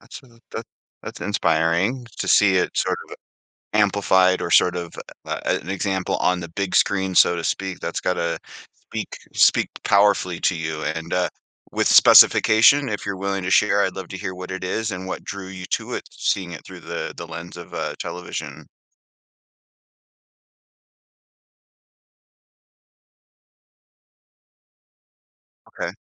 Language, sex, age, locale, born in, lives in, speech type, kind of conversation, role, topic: English, male, 40-44, en-US, Canada, United States, conversation, podcast, host, What experiences or influences have shaped your career path?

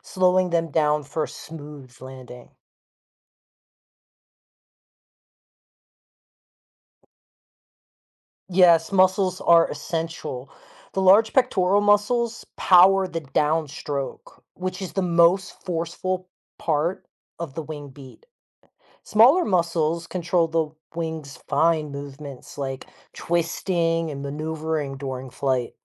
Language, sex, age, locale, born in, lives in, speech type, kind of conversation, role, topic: English, male, 40-44, en-US, United States, United States, read, expert-assistant, expert, How do birds fly without falling?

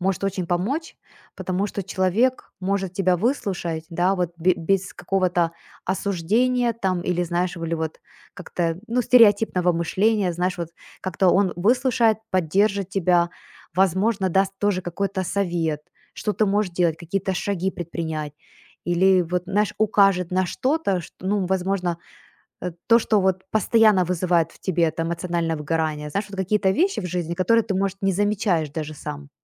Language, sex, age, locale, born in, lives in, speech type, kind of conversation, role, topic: Russian, female, 40-44, ru-UA, Ukraine, United States, conversation, podcast, guest, Какие сигналы подаёт тело при эмоциональном выгорании?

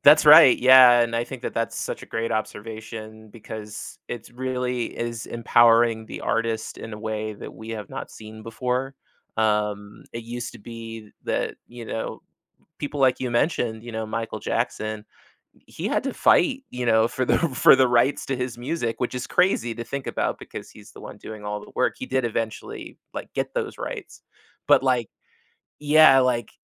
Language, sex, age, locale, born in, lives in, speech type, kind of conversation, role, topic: English, male, 35-39, en-US, United States, United States, conversation, podcast, guest, In what ways do you think music helps people connect and build community?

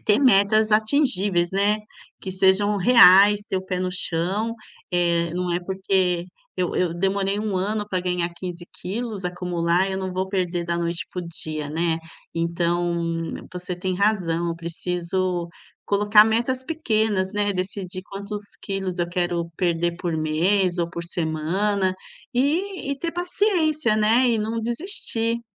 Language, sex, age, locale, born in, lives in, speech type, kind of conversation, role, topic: Portuguese, female, 45-49, pt-BR, Brazil, Italy, conversation, advice, user, Como lidar com a frustração de não ver resultados mesmo melhorando a alimentação?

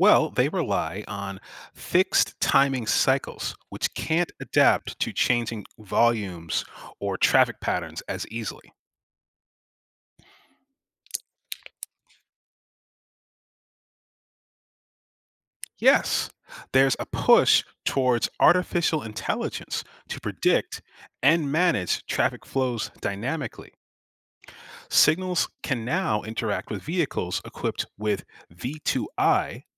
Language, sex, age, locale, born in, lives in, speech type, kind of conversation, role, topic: English, male, 35-39, en-US, United States, United States, read, expert-assistant, expert, How do traffic signals communicate with each other?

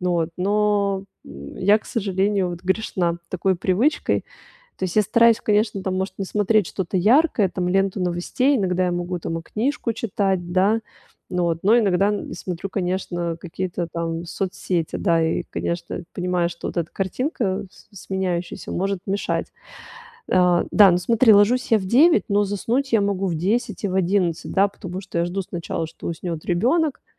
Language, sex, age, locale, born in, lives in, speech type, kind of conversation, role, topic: Russian, female, 35-39, ru-RU, Russia, Italy, conversation, advice, user, Как мне расслабиться и легче засыпать по вечерам?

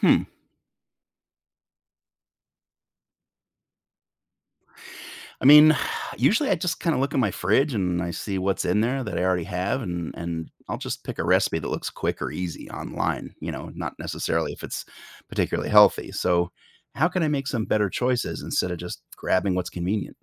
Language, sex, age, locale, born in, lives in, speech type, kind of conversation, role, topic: English, male, 45-49, en-US, United States, United States, read, expert-assistant, asker, How can I create a balanced meal plan for myself?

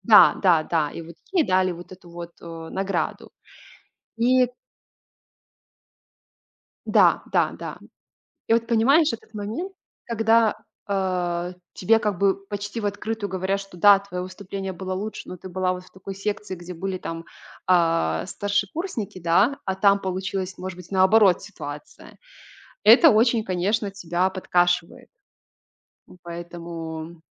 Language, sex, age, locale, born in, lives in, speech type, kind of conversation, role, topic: Russian, female, 25-29, ru-RU, Belarus, Italy, conversation, podcast, guest, Какое поражение стало для тебя полезным уроком?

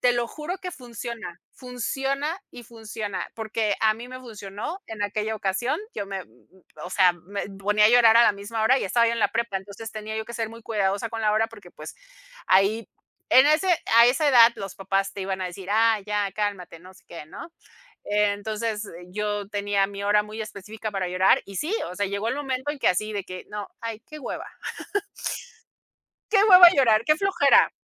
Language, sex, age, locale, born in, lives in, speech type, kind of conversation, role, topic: Spanish, female, 50-54, es-MX, Mexico, Mexico, conversation, unstructured, none, ¿Cómo te sentirías si alguien cercano te traicionara sin darte ninguna explicación?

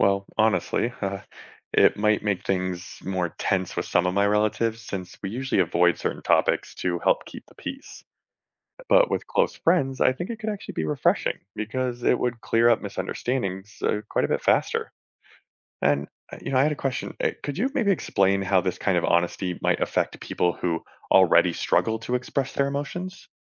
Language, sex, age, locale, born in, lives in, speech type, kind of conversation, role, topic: English, male, 35-39, en-US, United States, United States, read, expert-assistant, asker, What would the world be like if everyone was always honest about their feelings?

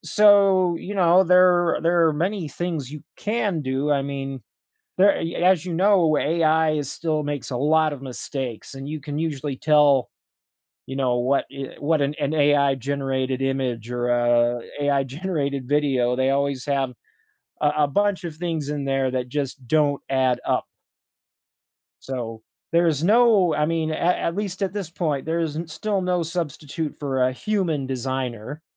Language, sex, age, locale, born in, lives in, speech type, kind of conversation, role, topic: English, male, 30-34, en-US, United States, United States, conversation, advice, advisor, How can I gain confidence and make steady progress as I start a new career?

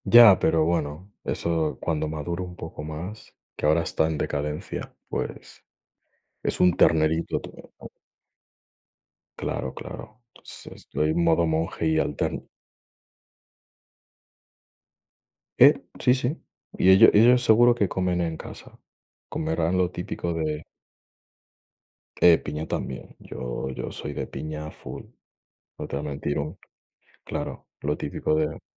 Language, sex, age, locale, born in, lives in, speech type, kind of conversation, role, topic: Spanish, male, 20-24, es-ES, Spain, Spain, conversation, unstructured, none, ¿Qué prefieres en un día lluvioso: cocinar en casa o pedir comida a domicilio?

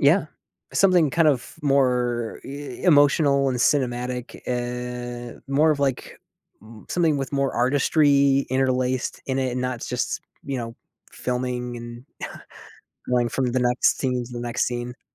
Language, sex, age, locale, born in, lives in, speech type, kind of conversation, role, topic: English, male, 30-34, en-US, United States, United States, conversation, advice, user, How can I calm nerves and make good first impressions at work?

